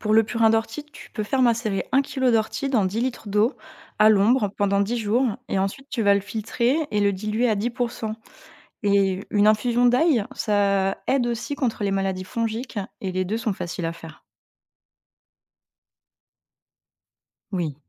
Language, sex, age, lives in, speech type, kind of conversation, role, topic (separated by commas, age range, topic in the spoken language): French, female, 30-34, France, read, expert-assistant, expert, Comment faire face aux imprévus météo qui menacent les cultures, comme la sécheresse ou la grêle ?